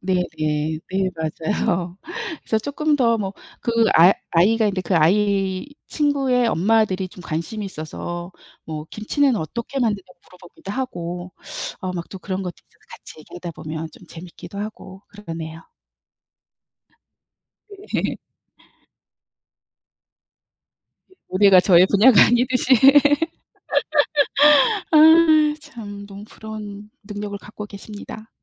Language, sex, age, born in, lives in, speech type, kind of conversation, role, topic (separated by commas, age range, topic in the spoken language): Korean, female, 55-59, South Korea, United States, conversation, unstructured, none, 취미를 하면서 예상치 못한 선물을 받은 적이 있나요?